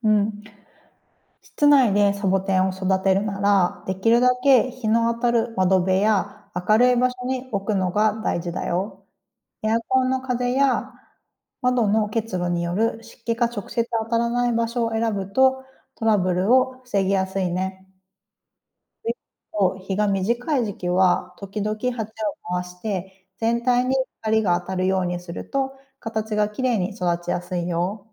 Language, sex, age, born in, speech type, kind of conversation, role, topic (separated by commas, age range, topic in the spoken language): Japanese, female, 35-39, Japan, read, expert-assistant, expert, どうしてサボテンは乾燥した場所でも生きられるのでしょうか？